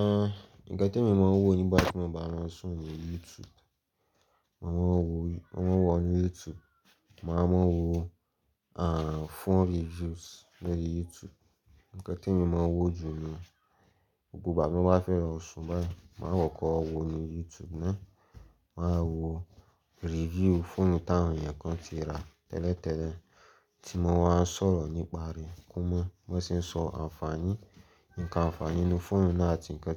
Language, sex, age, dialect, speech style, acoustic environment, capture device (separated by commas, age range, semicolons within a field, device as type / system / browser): Yoruba, male, 18-24, Nigeria - Lagos, focused; anxious, people talking; silence, mobile / Linux / Chrome